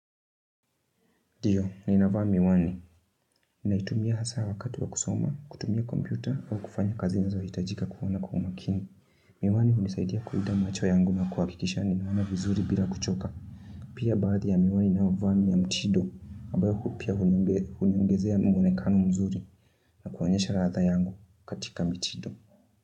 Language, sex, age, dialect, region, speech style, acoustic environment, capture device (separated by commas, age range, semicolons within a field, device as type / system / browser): Swahili, female, 25-34, Kenya - Nairobi Sheng-influenced, Kenya, focused, music, mobile / Linux / Chrome